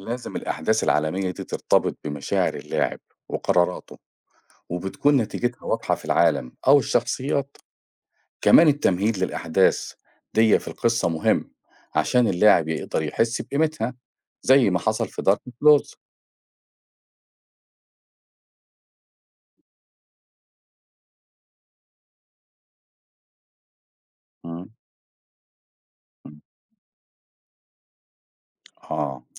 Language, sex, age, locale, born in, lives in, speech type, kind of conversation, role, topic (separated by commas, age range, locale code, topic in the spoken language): Arabic, male, 45-49, ar-EG, Egypt, Portugal, read, expert-assistant, expert, لو عندك القدرة تدمج لعبتين مشهورين مع بعض، إيه هما وحيبقى شكل اللعبة الجديدة عامل إزاي؟